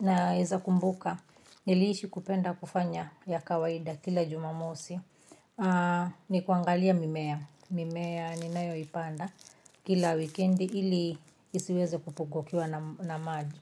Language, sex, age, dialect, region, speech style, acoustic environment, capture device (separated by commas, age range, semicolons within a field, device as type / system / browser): Swahili, female, 35-44, Kenya - Nairobi Sheng-influenced, Kenya, happy, music, mobile / Linux / Chrome